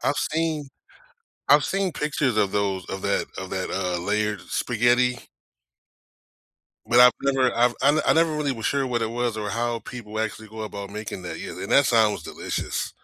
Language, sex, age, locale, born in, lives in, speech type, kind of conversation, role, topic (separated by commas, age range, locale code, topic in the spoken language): English, male, 45-49, en-US, United States, United States, conversation, unstructured, none, What is your favorite comfort food, and why?